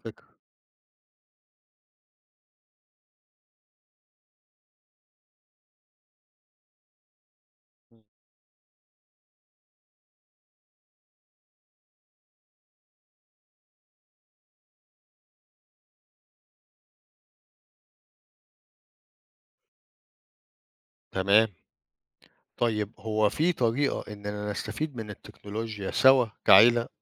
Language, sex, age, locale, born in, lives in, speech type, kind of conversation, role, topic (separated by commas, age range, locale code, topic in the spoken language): Arabic, male, 50-54, ar-EG, Egypt, Portugal, read, expert-assistant, asker, كيف تساعد التكنولوجيا في تسهيل الحياة اليومية؟